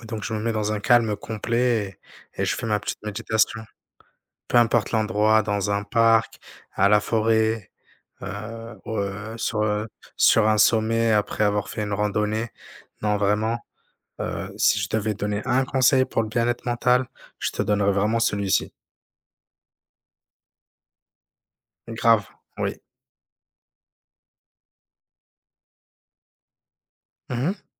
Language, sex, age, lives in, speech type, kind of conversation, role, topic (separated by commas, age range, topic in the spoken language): French, male, 30-34, France, conversation, unstructured, none, Comment prends-tu soin de ton bien-être mental au quotidien ?